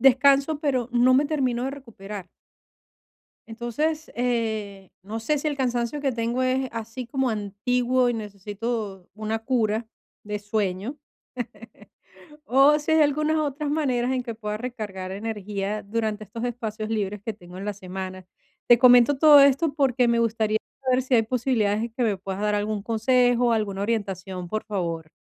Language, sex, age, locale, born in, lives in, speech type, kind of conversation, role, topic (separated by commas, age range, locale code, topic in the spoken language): Spanish, female, 50-54, es-VE, Venezuela, Portugal, conversation, advice, user, ¿Cómo puedo recargar energía durante mis días libres?